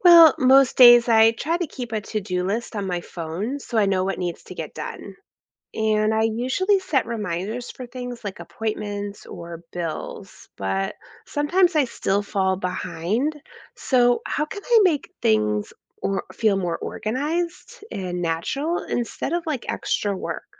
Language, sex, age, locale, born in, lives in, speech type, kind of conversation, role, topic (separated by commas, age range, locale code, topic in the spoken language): English, female, 40-44, en-US, United States, United States, read, expert-assistant, asker, What are the benefits of being organized in your daily life?